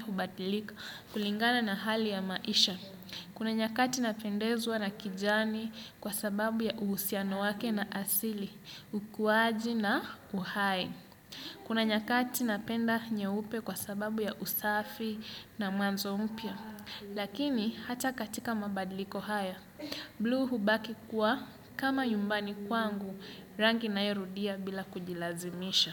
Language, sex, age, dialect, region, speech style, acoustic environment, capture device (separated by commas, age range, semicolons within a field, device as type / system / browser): Swahili, male, 25-34, Kenya - Mombasa, Kenya, relaxed, nature, desktop / Windows / Chrome